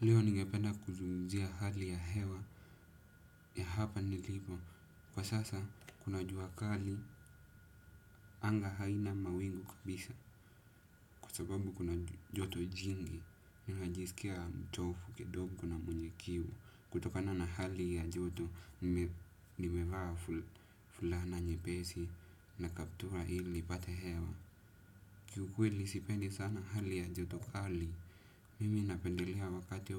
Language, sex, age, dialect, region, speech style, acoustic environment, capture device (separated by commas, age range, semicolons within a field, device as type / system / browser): Swahili, male, 18-24, Kenya - Nairobi Sheng-influenced, Kenya, happy, silence, desktop / Windows / Edge